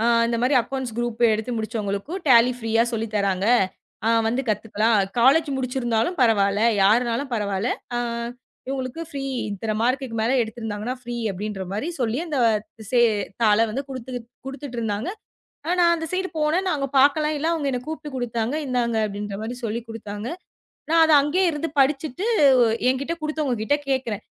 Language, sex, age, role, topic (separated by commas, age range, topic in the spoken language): Tamil, female, 25-29, guest, ஒரு நல்ல தொடர்பை நீங்கள் எப்படிப் பேணுகிறீர்கள்?